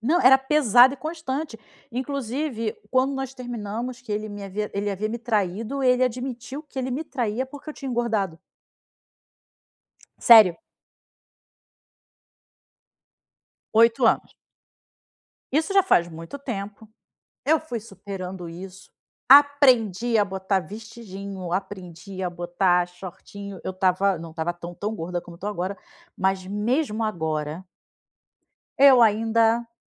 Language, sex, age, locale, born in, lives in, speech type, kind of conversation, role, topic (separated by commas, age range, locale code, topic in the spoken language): Portuguese, female, 40-44, pt-BR, Brazil, Spain, conversation, advice, user, Como a insegurança com a sua aparência está afetando a sua vida social?